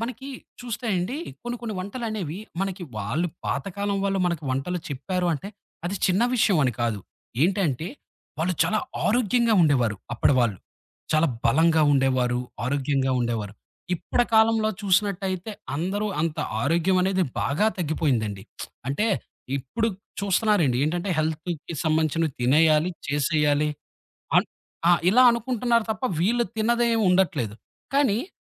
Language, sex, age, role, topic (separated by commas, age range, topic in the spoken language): Telugu, male, 30-34, host, మీ వంశంలో తరతరాలుగా వచ్చే వంటల్లో మీకు అత్యంత ఇష్టమైన వంటకం ఏది?